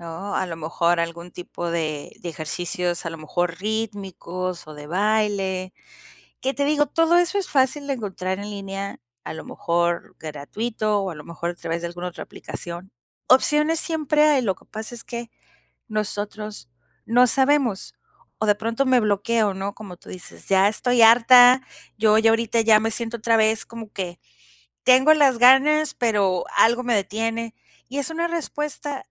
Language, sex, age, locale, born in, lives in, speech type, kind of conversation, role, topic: Spanish, female, 45-49, es-MX, Mexico, Mexico, conversation, advice, advisor, ¿Cómo puedo evitar abandonar mis hábitos cuando me siento agotado o estresado?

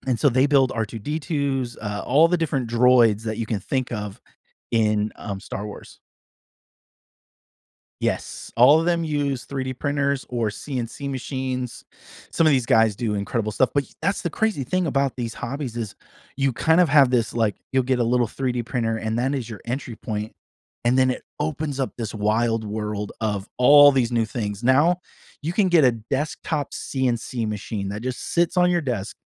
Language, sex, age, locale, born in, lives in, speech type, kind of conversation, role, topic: English, male, 40-44, en-US, United States, United States, conversation, podcast, guest, In what ways can sharing a hobby help build new friendships or communities?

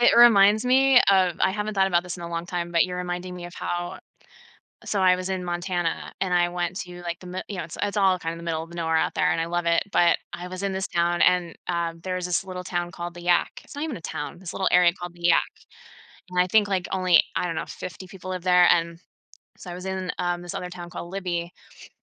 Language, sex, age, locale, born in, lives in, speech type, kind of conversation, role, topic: English, female, 40-44, en-US, United States, United States, conversation, podcast, host, How can travel help us grow by pushing our boundaries?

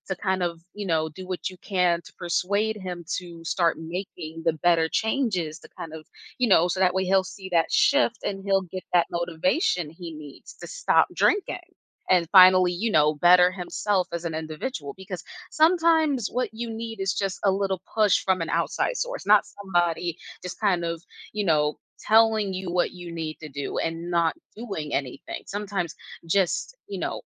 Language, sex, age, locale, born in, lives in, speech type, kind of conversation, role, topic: English, female, 25-29, en-US, United States, United States, conversation, advice, advisor, How can I maintain and deepen a renewed friendship after reconnecting with an old friend?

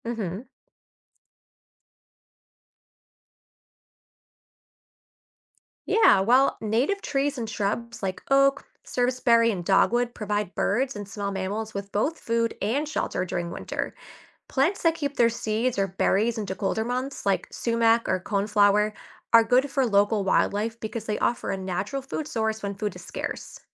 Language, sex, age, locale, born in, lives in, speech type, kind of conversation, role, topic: English, female, 25-29, en-US, United States, United States, read, expert-assistant, expert, How do seasons influence animal migration and behavior?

